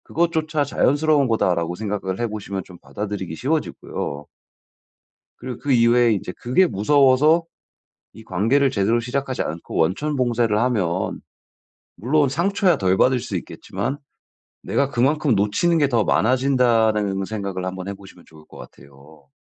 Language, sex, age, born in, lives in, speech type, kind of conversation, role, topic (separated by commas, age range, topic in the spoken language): Korean, male, 35-39, United States, United States, conversation, advice, advisor, 새로운 관계를 시작할 때 그리움과 슬픔을 어떻게 관리하면 좋을까요?